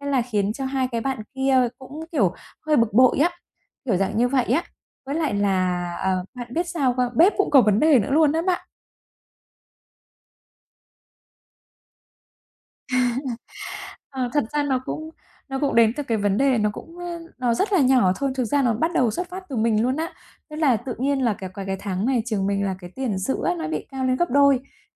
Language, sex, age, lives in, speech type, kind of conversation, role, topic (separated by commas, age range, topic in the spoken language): Vietnamese, female, 35-39, Vietnam, conversation, advice, user, Làm sao để giải quyết xung đột trong nhóm bạn?